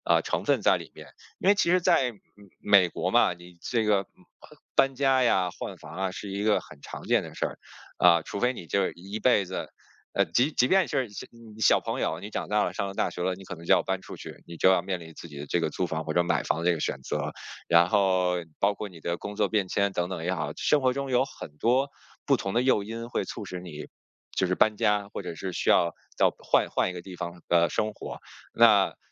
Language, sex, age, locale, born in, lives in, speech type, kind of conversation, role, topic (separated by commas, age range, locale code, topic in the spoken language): Chinese, male, 40-44, zh-CN, China, United States, conversation, podcast, guest, 你会如何决定是买房还是继续租房？